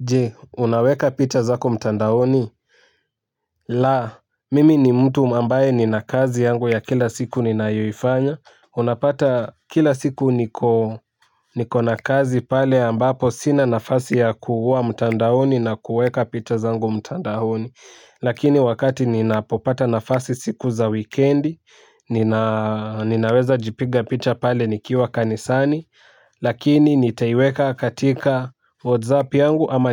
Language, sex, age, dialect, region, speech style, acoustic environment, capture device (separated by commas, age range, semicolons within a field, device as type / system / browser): Swahili, male, 18-24, Kenya - Nairobi Sheng-influenced, Kenya, excited, music; nature; people talking, mobile / Linux / Chrome